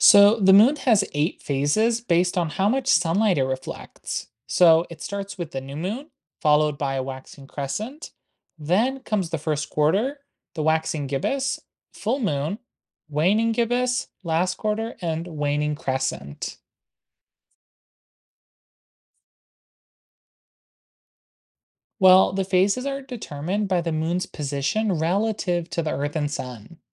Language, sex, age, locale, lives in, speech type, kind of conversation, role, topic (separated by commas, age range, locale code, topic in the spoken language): English, male, 20-24, en-US, United States, read, expert-assistant, expert, What are the phases of the moon and their effects?